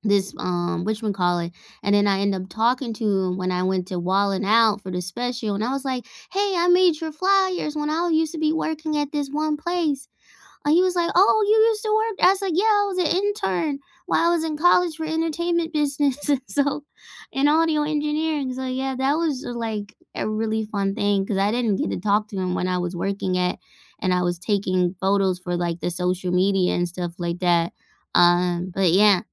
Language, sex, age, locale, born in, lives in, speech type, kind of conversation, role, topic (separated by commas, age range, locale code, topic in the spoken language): English, female, 30-34, en-US, United States, United States, conversation, unstructured, none, What’s the best live show or concert you’ve attended recently, and what made it unforgettable?